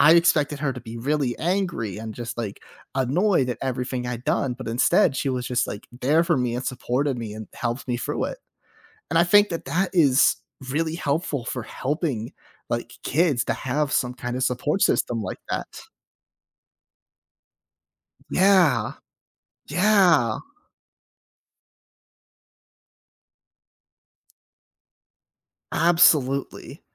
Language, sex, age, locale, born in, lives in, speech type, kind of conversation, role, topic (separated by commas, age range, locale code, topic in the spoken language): English, male, 25-29, en-US, United States, United States, conversation, podcast, host, What factors should you consider when choosing a career direction?